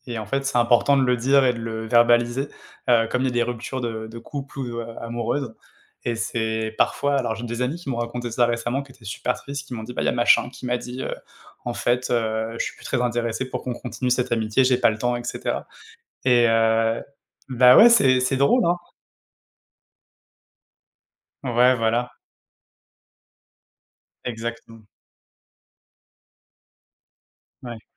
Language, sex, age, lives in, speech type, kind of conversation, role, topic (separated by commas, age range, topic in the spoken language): French, male, 30-34, France, conversation, unstructured, none, Qu’est-ce qui rend une amitié vraiment forte selon toi ?